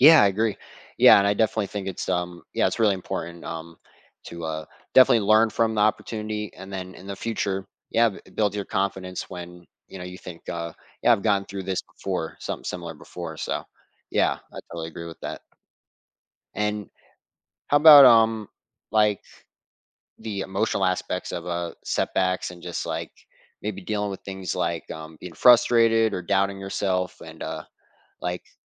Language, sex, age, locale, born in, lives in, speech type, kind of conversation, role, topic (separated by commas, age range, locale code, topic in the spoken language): English, male, 20-24, en-US, United States, United States, conversation, podcast, host, What helps you stay resilient and keep moving forward when you face obstacles in life?